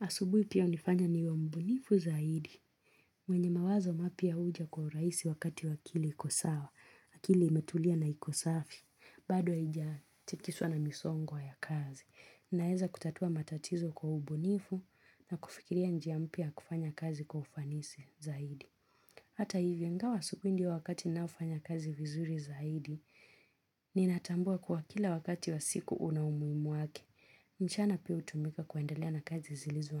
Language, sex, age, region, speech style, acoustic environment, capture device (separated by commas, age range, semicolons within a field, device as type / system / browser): Swahili, female, 25-34, Kenya, relaxed, silence, mobile / Linux / Chrome